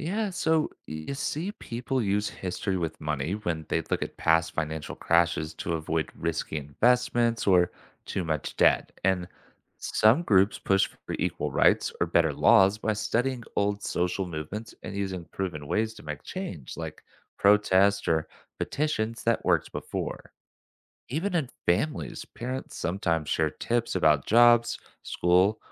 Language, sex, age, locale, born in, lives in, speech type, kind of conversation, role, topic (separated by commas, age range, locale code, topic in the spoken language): English, male, 25-29, en-US, United States, United States, read, expert-assistant, expert, How does learning about history help us make better decisions today?